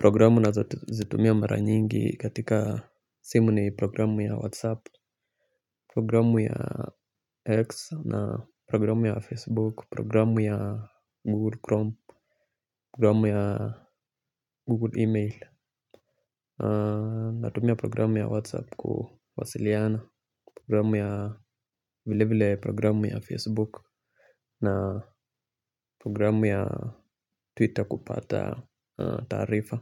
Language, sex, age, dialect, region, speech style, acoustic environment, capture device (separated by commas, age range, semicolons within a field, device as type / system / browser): Swahili, male, 25-34, Kenya - Nairobi Sheng-influenced, Kenya, relaxed, silence, mobile / Linux / Chrome